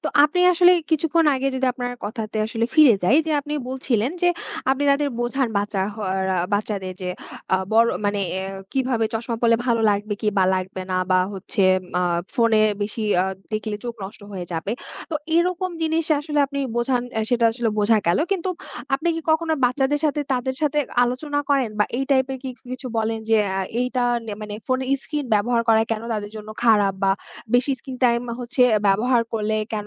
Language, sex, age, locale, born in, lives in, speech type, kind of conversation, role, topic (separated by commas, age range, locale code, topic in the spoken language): Bengali, female, 20-24, bn-BD, Bangladesh, Bangladesh, conversation, podcast, host, আপনি আপনার বাচ্চাদের পর্দায় কাটানো সময় কীভাবে নিয়ন্ত্রণ করেন?